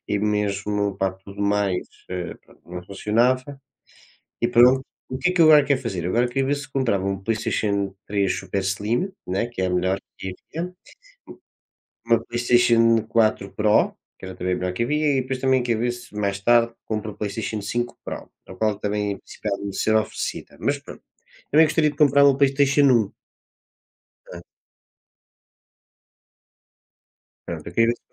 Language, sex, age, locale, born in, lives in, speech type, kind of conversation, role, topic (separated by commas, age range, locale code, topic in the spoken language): Portuguese, male, 20-24, pt-PT, Portugal, Portugal, conversation, unstructured, none, Você acha que sempre vale a pena tentar negociar?